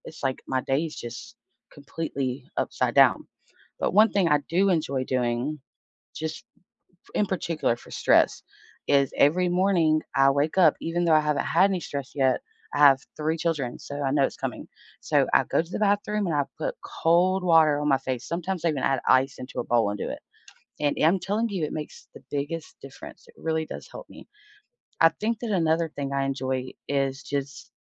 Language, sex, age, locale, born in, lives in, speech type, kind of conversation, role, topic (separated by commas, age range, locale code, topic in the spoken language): English, female, 30-34, en-US, United States, United States, conversation, unstructured, none, How can you manage stress through simple, everyday moments, and what are the benefits and limitations of this approach?